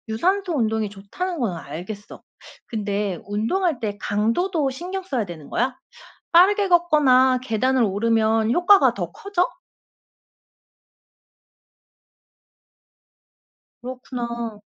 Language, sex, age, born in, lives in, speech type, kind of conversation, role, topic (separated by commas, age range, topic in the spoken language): Korean, female, 35-39, South Korea, South Korea, read, expert-assistant, asker, 하루 만 보 걷기가 정말 건강 예방에 큰 도움이 되는지, 아니면 좀 더 복잡한 이야기가 있는지 알고 싶어요.